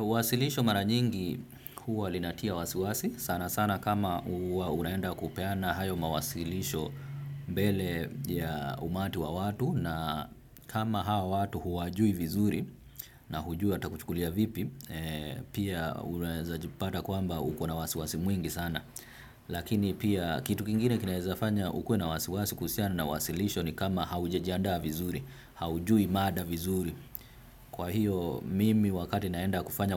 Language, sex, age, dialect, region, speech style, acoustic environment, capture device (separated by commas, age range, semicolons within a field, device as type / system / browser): Swahili, male, 25-34, Kenya - Nairobi Sheng-influenced, Kenya, excited, traffic, desktop / Windows / Firefox